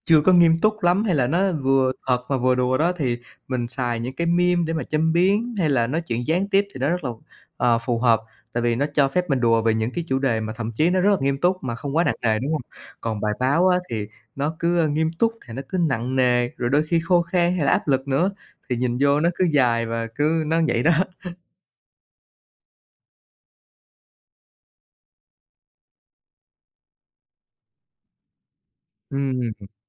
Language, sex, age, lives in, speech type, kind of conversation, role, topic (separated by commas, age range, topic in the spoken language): Vietnamese, male, 30-34, Vietnam, conversation, podcast, guest, Tại sao mọi người thường dễ bị cuốn vào meme hơn là đọc một bài báo dài?